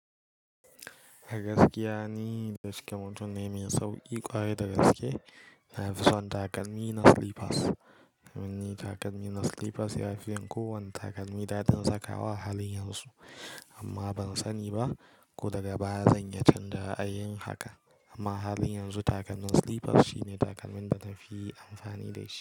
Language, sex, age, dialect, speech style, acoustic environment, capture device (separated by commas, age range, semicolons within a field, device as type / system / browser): Hausa, male, 18-24, Nigeria - Kano, relaxed; focused, silence; nature, mobile / Linux / Chrome